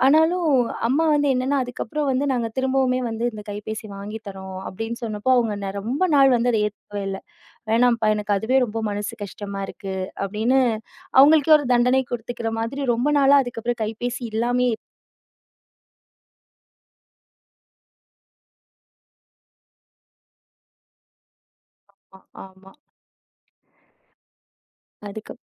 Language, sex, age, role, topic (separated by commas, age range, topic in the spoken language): Tamil, female, 30-34, guest, கேமரா அல்லது கைப்பேசி திருடப்பட்ட அனுபவத்தைப் பகிர முடியுமா?